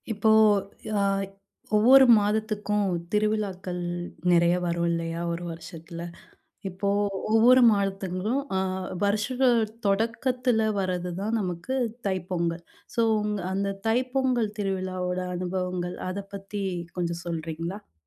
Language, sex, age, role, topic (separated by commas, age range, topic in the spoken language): Tamil, female, 30-34, host, ஏழு திருவிழாக்கள் பருவங்களுடன் எவ்வாறு தொடர்புபடுகின்றன?